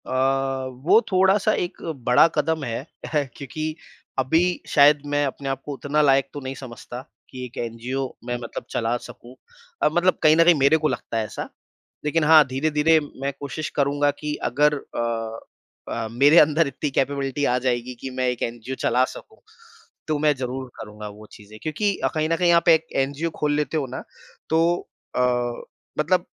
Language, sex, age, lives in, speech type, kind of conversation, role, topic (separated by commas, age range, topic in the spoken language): Hindi, male, 30-34, India, conversation, podcast, guest, Agar naukri mein junoon nahi hai, lekin paisa achha mil raha hai, to tum kya karte ho?